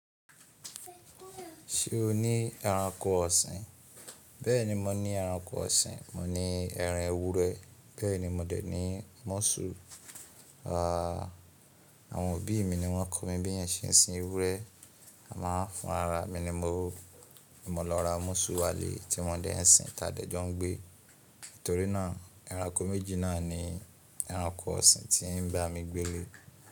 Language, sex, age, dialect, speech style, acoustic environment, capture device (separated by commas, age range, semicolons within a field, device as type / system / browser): Yoruba, male, 25-34, Nigeria - Ibadan, relaxed, people talking, mobile / Linux / Chrome